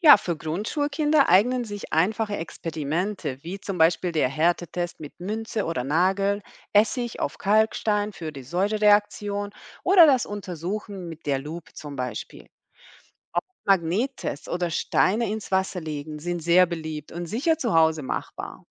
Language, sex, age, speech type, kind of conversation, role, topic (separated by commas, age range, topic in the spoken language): German, female, 40-44, read, expert-assistant, expert, Wie kann man einen spannenden Ausflug planen, um geologische Besonderheiten in der eigenen Region zu entdecken?